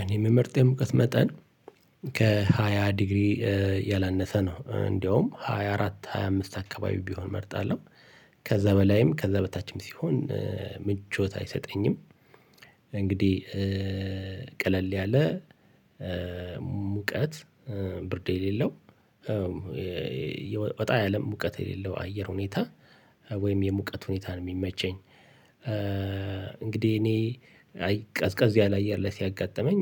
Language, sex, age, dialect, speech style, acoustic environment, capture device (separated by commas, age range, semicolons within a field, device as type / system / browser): Amharic, male, 45-54, Ethiopia - Addis Ababa, relaxed, traffic, mobile / Linux / Chrome